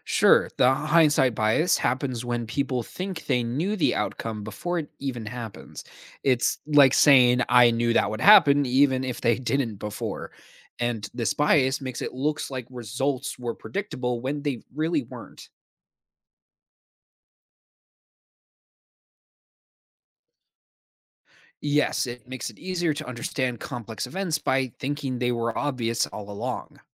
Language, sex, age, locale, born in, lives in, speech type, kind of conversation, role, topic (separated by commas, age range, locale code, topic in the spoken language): English, male, 20-24, en-US, United States, United States, read, expert-assistant, expert, What are common cognitive biases in decision-making?